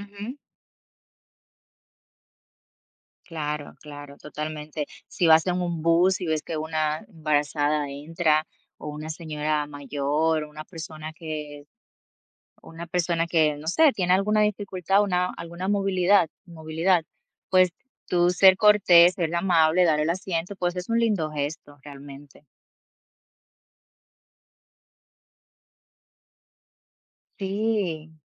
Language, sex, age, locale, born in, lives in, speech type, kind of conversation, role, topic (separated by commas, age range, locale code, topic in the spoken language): Spanish, female, 30-34, es-DO, Dominican Republic, United States, conversation, unstructured, none, ¿Crees que ser amable puede cambiarle el día a alguien?